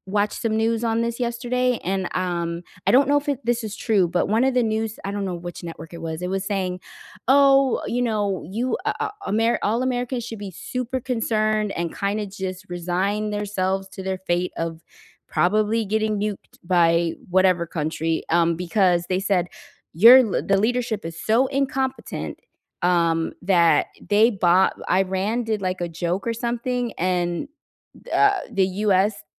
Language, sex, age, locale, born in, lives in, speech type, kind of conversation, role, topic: English, female, 40-44, en-US, United States, United States, conversation, unstructured, none, Have you ever seen a news story that changed how you see the world?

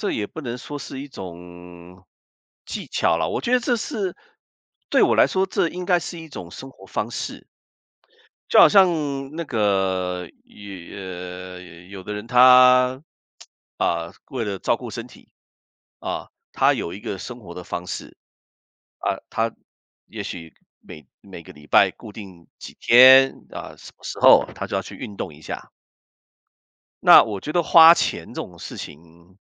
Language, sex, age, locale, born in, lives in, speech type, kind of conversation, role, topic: Chinese, male, 55-59, zh-CN, Taiwan, United States, conversation, unstructured, none, 你如何区分一笔开销是“需要”还是“想要”？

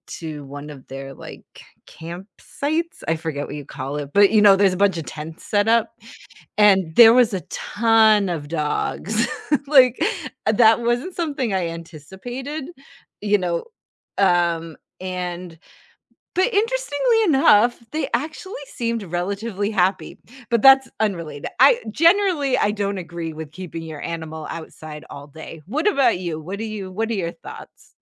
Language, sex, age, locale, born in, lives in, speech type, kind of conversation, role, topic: English, female, 40-44, en-US, United States, United States, conversation, unstructured, none, What do you think about people leaving their pets outside all day?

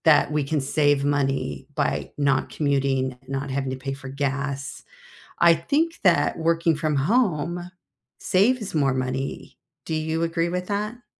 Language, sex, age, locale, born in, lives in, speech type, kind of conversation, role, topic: English, female, 60-64, en-US, United States, United States, conversation, unstructured, none, What simple money habit helps your workdays feel calmer, and how did you make it stick?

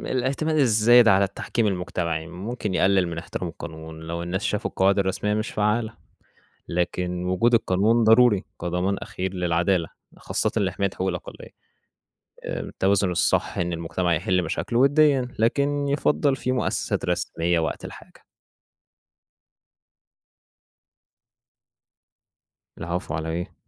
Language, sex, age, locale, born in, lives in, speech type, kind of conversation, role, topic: Arabic, male, 20-24, ar-EG, Egypt, Egypt, read, expert-assistant, expert, تفتكر كان هيكون شكل العالم عامل إزاي لو الأديان الإبراهيمية ما ظهرتش أصلاً؟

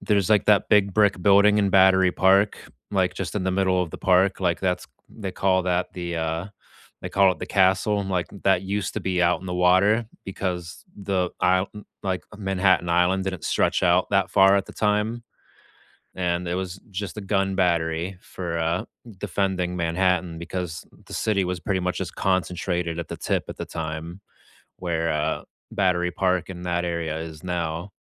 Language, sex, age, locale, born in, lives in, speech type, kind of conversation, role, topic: English, male, 30-34, en-US, United States, United States, conversation, unstructured, none, Where would you go for a spontaneous day trip, and what makes it your perfect quick escape?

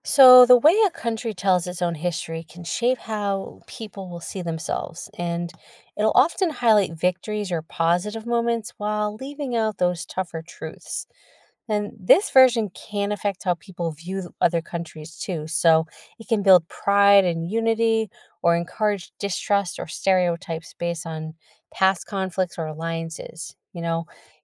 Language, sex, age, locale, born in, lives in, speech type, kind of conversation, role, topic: English, female, 40-44, en-US, United States, United States, read, expert-assistant, expert, How does studying history clarify current events?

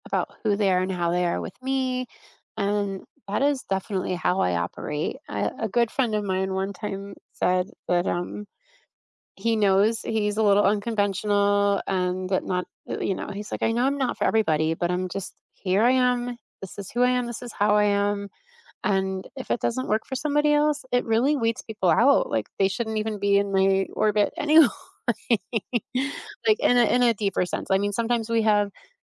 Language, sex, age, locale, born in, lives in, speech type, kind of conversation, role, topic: English, female, 50-54, en-US, United States, United States, conversation, unstructured, none, What does honesty mean to you in everyday life?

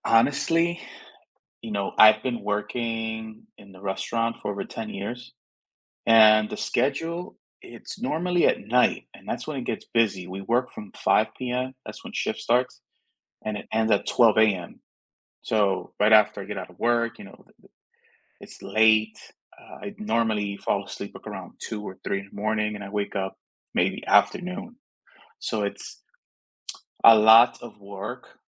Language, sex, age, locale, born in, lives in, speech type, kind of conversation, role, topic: English, male, 30-34, en-US, United States, United States, conversation, advice, user, How can I balance work responsibilities while making steady progress on my personal goals?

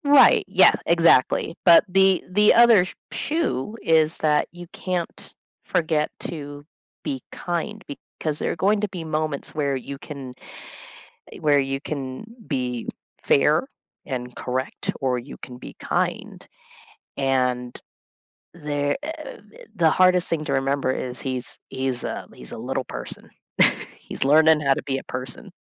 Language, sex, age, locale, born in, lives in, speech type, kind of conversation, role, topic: English, female, 35-39, en-US, United States, United States, conversation, unstructured, none, How do you balance fairness and kindness in everyday decisions?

